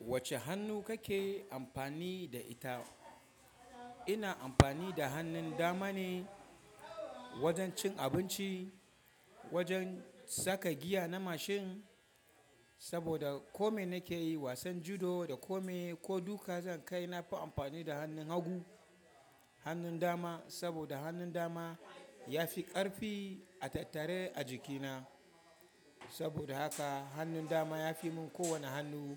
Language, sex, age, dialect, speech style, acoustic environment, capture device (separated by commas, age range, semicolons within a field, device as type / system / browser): Hausa, male, 25-34, Nigeria - Kano, excited; happy, people talking; nature, mobile / Linux / Chrome